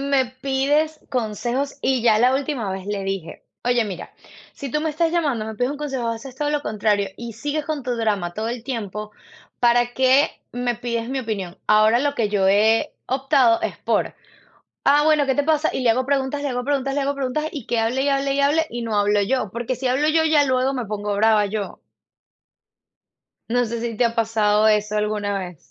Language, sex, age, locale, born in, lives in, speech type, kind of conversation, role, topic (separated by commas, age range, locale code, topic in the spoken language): Spanish, female, 35-39, es-VE, Venezuela, United States, conversation, unstructured, none, ¿Te parece importante escuchar más que hablar durante un conflicto?